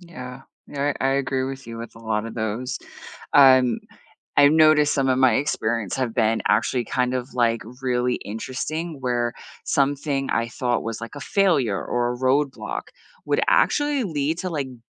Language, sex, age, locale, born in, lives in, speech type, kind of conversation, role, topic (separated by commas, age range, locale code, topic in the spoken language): English, female, 40-44, en-US, United States, United States, conversation, unstructured, none, How do you handle failure when it happens?